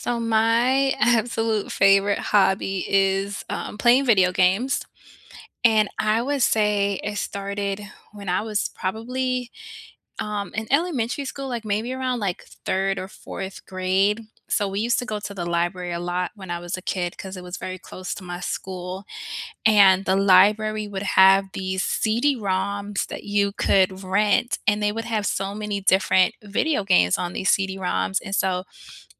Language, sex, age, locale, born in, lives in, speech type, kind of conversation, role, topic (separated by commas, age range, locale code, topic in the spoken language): English, female, 35-39, en-US, United States, United States, conversation, unstructured, none, What is the story behind your favorite hobby?